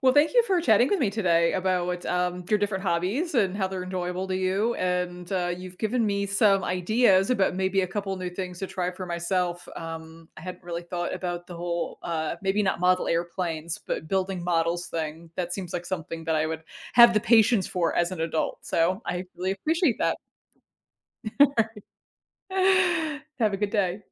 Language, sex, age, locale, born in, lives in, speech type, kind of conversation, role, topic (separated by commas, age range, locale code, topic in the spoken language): English, female, 40-44, en-US, United States, United States, conversation, podcast, host, How do your interests and experiences shape which hobbies you find most fulfilling?